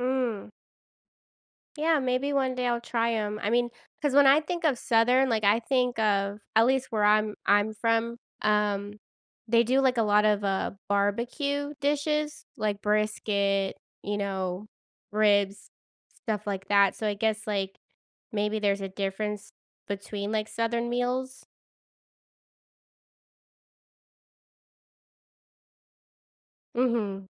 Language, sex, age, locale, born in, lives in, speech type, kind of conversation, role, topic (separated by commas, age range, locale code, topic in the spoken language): English, female, 30-34, en-US, United States, United States, conversation, podcast, host, How do shared meals and food traditions shape our sense of belonging and connection to our culture?